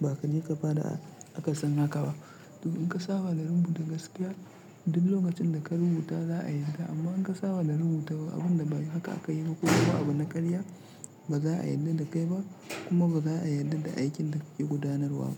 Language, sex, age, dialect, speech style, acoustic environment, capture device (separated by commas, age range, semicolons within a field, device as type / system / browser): Hausa, male, 25-34, Nigeria - Kano, relaxed; tired, silence; nature, mobile / Linux / Chrome